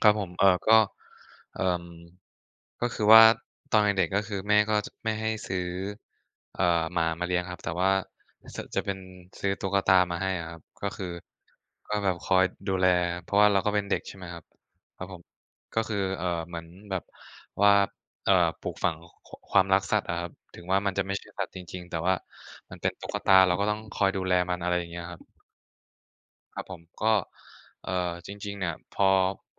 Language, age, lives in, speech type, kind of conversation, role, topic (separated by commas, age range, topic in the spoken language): Thai, 20-24, Thailand, conversation, unstructured, none, คุณมีเรื่องสนุก ๆ เกี่ยวกับสัตว์เลี้ยงไหม?